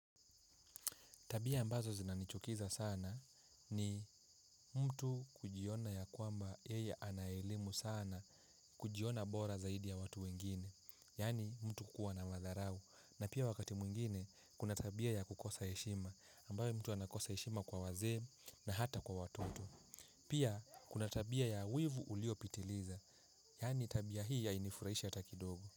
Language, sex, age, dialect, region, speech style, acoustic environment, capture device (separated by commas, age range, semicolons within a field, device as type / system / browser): Swahili, male, 18-24, Kenya - Mombasa, Kenya, happy; focused; relaxed, people talking; silence, mobile / Linux / Chrome